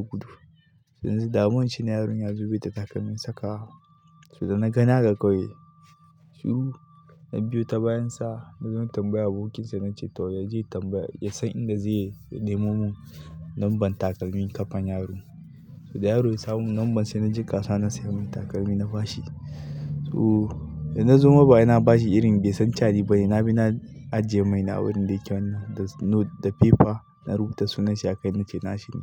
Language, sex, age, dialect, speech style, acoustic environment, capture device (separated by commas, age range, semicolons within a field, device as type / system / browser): Hausa, male, 25-34, Nigeria - Katsina, happy, people talking, mobile / Linux / Chrome